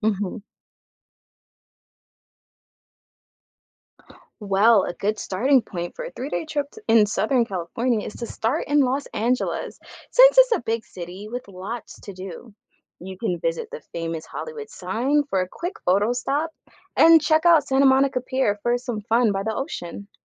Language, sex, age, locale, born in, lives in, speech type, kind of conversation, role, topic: English, female, 25-29, en-US, United States, United States, read, expert-assistant, expert, What are the best stops for a 3-day road trip in southern California?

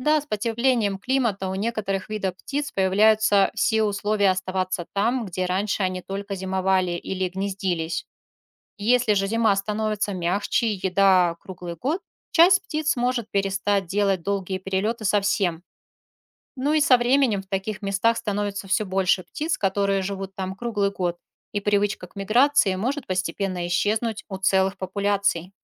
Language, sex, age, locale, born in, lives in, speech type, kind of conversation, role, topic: Russian, female, 40-44, ru-RU, Russia, Spain, read, expert-assistant, expert, Как птицы находят дорогу во время перелётов?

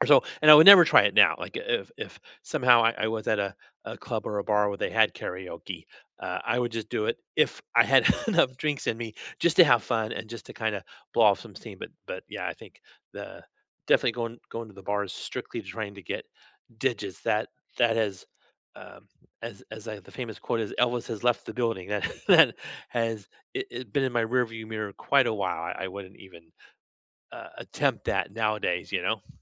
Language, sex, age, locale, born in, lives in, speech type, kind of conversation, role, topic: English, male, 50-54, en-US, United States, United States, conversation, unstructured, none, Which karaoke songs are your go-to guaranteed hits, and what memories or reasons make them your favorites?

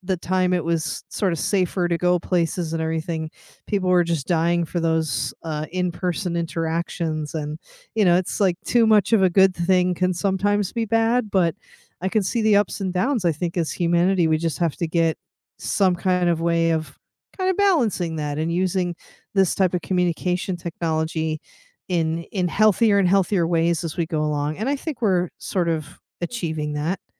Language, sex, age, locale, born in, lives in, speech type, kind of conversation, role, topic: English, female, 40-44, en-US, United States, United States, conversation, unstructured, none, How do your devices, apps, and online habits help you feel close and stay connected with people?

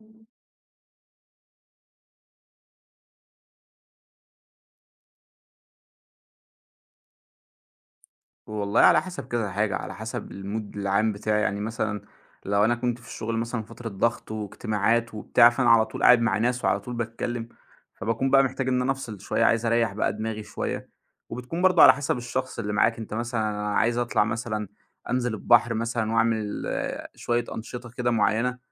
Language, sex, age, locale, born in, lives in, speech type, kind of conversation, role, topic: Arabic, male, 25-29, ar-EG, Egypt, Egypt, conversation, podcast, guest, إيه العلامات اللي بتقول إنك مُرهَق ومحتاج تغيّر؟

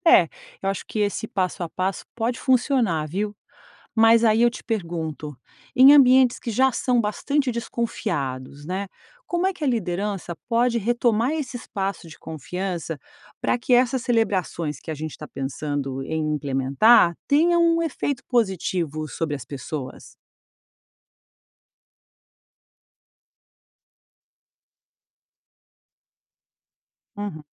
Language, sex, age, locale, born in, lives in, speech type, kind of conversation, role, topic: Portuguese, female, 50-54, pt-BR, Brazil, United States, read, expert-assistant, asker, Por que em certos lugares as pessoas fazem questão de celebrar pequenas conquistas no dia a dia, enquanto em outros isso passa despercebido?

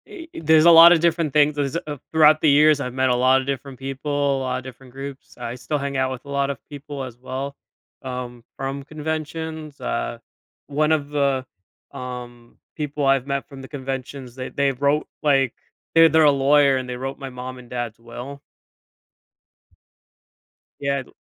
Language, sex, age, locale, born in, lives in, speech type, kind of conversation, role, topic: English, male, 25-29, en-US, United States, United States, conversation, podcast, guest, In what ways can shared hobbies help people form and strengthen friendships?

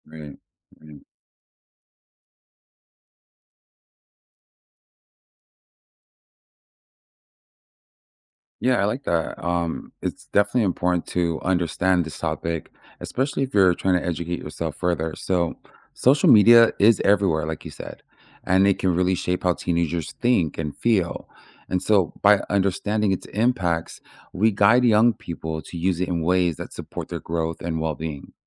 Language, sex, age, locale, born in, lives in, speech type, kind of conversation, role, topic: English, male, 30-34, en-US, United States, United States, read, expert-assistant, expert, What are the psychological effects of social media on teenagers?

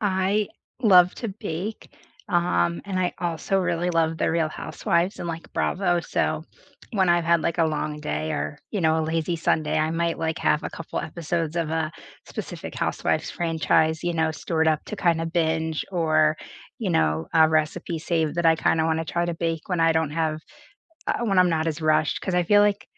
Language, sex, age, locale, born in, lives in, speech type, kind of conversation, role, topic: English, female, 25-29, en-US, United States, United States, conversation, unstructured, none, What does your ideal slow morning look like?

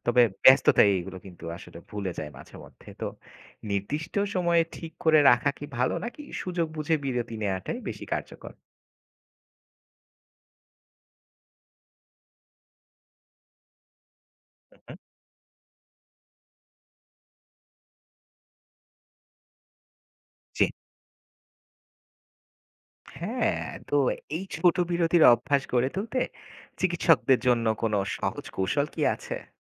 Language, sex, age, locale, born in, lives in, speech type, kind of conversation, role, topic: Bengali, male, 25-29, bn-BD, Bangladesh, Bangladesh, read, expert-assistant, asker, রোগীদের মন ভালো রাখার জন্য চিকিৎসকেরা দৈনন্দিন কোন সহজ অভ্যাস মেনে চলতে পারেন?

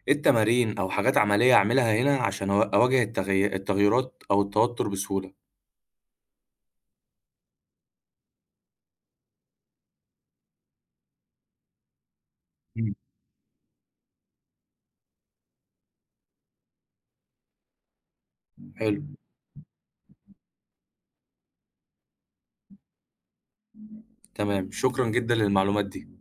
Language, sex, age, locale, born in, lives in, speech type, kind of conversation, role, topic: Arabic, male, 20-24, ar-EG, Egypt, Egypt, read, expert-assistant, asker, إزاي أختار بين شغل في مصر وشغل في دولة تانية؟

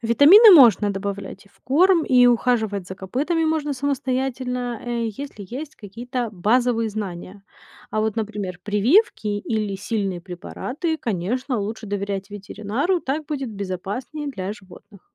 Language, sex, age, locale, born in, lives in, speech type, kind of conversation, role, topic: Russian, female, 30-34, ru-RU, Ukraine, United States, read, expert-assistant, expert, Как можно предотвратить болезни у домашних животных на ферме без больших затрат и сложных технологий?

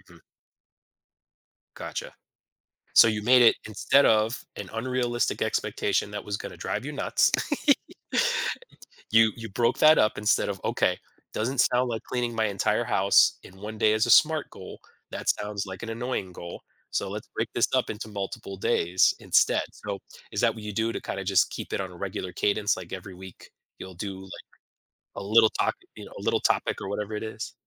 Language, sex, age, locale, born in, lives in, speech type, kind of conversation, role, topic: English, male, 40-44, en-US, United States, United States, conversation, podcast, host, How can breaking a large goal into smaller steps help you stay motivated and achieve success?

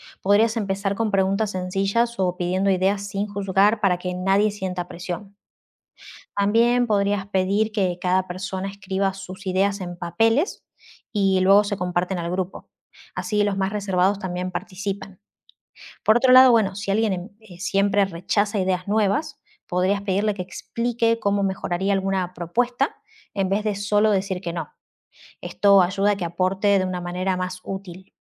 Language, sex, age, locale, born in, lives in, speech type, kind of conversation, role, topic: Spanish, female, 35-39, es-AR, Argentina, Netherlands, read, expert-assistant, expert, ¿Qué papel tiene la creatividad en la resolución de problemas?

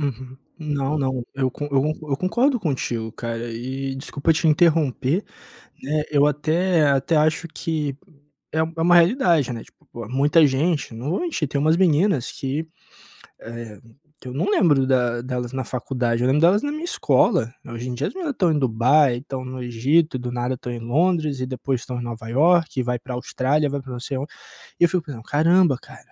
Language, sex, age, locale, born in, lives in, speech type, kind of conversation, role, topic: Portuguese, male, 25-29, pt-BR, Brazil, Portugal, conversation, advice, user, Como posso parar de me comparar às conquistas dos meus amigos e me sentir mal?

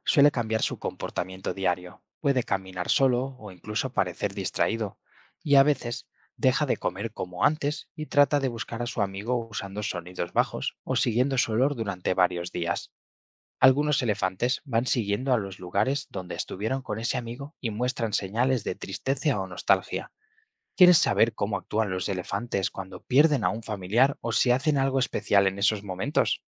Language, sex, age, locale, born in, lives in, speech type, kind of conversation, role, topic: Spanish, male, 25-29, es-ES, Spain, Spain, read, expert-assistant, expert, Describe cómo los elefantes se comunican entre sí a largas distancias.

